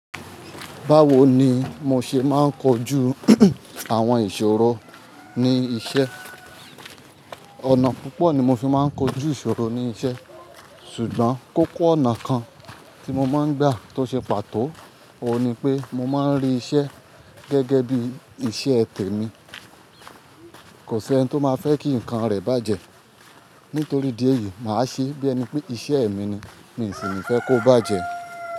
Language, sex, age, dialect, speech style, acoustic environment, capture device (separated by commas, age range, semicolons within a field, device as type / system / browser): Yoruba, male, 25-34, Nigeria - Ibadan, focused, people talking; nature, mobile / Linux / Chrome